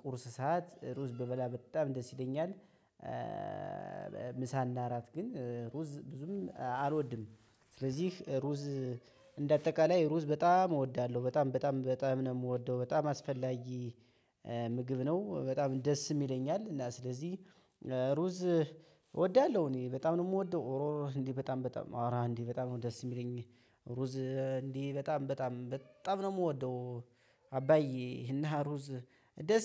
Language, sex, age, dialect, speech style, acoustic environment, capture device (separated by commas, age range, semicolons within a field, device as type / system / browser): Amharic, male, 25-34, Ethiopia - Gojjam, happy; excited, people talking; nature, mobile / Linux / Chrome